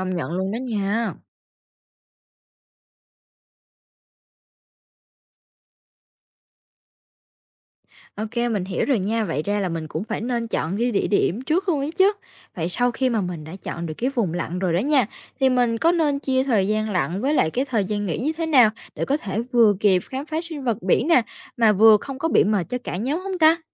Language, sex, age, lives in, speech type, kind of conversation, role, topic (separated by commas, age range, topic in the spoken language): Vietnamese, female, 18-19, Vietnam, read, expert-assistant, asker, Nếu muốn tìm hiểu về đời sống dưới biển, mình nên lên kế hoạch khám phá từng bước như thế nào cho thú vị?